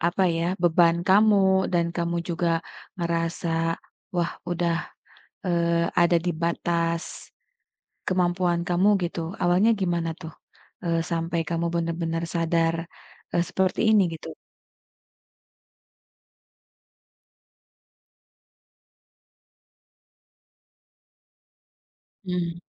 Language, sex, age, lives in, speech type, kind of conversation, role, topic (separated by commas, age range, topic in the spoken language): Indonesian, female, 35-39, Indonesia, conversation, advice, advisor, Mengapa kamu sulit mengatakan tidak hingga rasa kesal terus menumpuk?